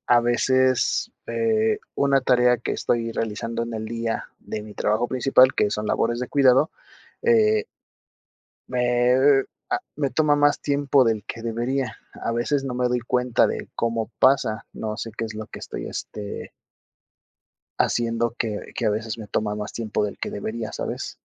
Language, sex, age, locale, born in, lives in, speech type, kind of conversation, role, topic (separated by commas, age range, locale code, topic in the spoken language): Spanish, male, 30-34, es-MX, Mexico, Mexico, conversation, advice, user, ¿Cómo sueles procrastinar antes de empezar tareas importantes?